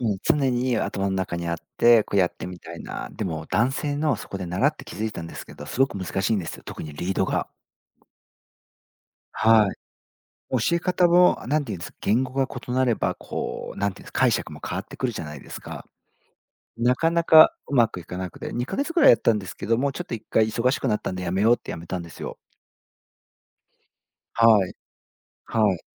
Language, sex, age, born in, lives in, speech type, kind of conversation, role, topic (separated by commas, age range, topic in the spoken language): Japanese, male, 40-44, Japan, Japan, conversation, podcast, guest, 最近ハマっている趣味は何ですか？